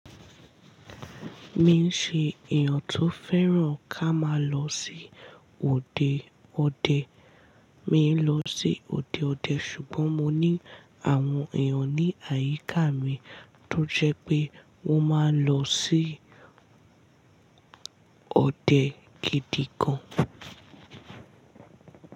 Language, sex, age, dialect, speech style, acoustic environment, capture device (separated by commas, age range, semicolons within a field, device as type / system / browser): Yoruba, female, 18-24, Nigeria - Ibadan, relaxed, silence, mobile / Linux / Chrome